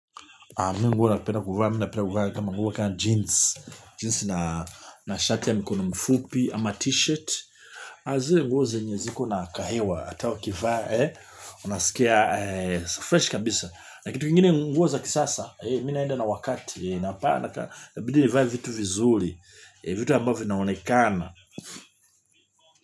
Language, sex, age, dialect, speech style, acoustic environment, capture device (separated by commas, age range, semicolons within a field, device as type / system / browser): Swahili, male, 45-54, Tanzania - Dar es Salaam, happy, people talking; appliances, mobile / Linux / Chrome